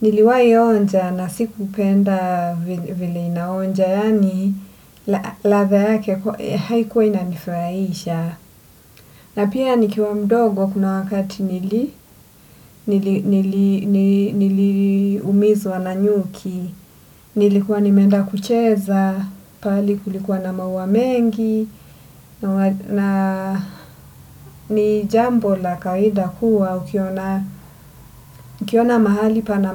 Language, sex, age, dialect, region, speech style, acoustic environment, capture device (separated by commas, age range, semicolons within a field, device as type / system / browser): Swahili, female, 25-34, Kenya - Mombasa, Kenya, relaxed, silence, desktop / Windows / Edge